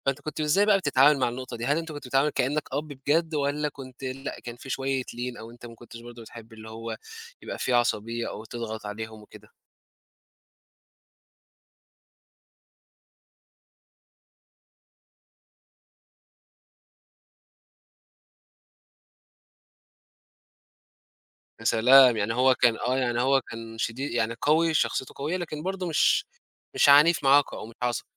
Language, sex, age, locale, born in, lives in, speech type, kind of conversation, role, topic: Arabic, male, 20-24, ar-EG, Egypt, Egypt, conversation, podcast, host, إيه أصعب موقف اضطرّيت فيه تبقى حازم؟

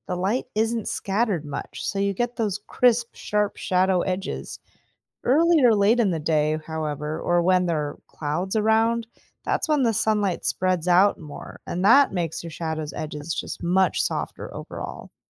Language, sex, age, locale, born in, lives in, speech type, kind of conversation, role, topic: English, female, 35-39, en-US, United States, United States, read, expert-assistant, expert, Why do our shadows change size during the day?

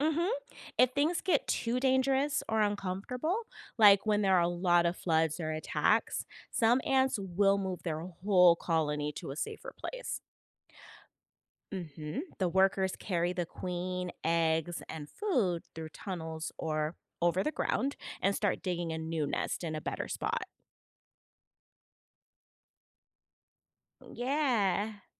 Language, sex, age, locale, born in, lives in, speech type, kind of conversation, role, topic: English, female, 40-44, en-US, United States, United States, read, expert-assistant, expert, How do ants build complex underground colonies?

